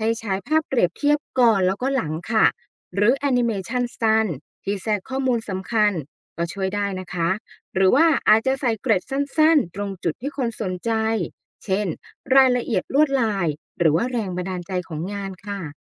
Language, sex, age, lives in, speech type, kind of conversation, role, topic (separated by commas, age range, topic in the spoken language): Thai, female, 35-39, Thailand, read, expert-assistant, expert, มีวิธีไหนบ้างที่ช่วยให้คนรุ่นใหม่สนใจประวัติศาสตร์ศิลป์ไทยมากขึ้น โดยไม่รู้สึกว่าเป็นเรื่องไกลตัว?